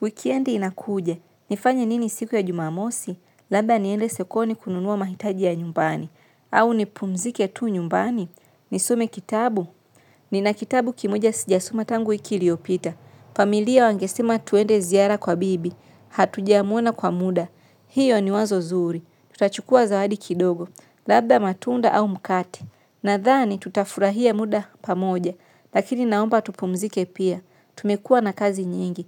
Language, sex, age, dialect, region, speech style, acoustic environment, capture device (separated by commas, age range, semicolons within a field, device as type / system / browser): Swahili, female, 25-34, Tanzania - Dar es Salaam, Tanzania, relaxed, nature; silence, mobile / Linux / Chrome